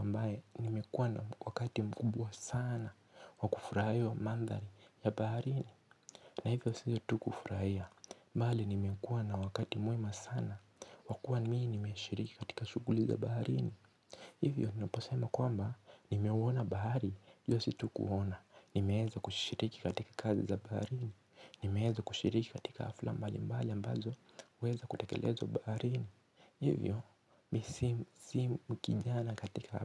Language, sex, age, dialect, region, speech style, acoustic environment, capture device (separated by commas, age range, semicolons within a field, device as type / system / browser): Swahili, male, 18-24, Kenya - Nairobi Sheng-influenced, Kenya, relaxed; focused, nature; silence, mobile / Linux / Chrome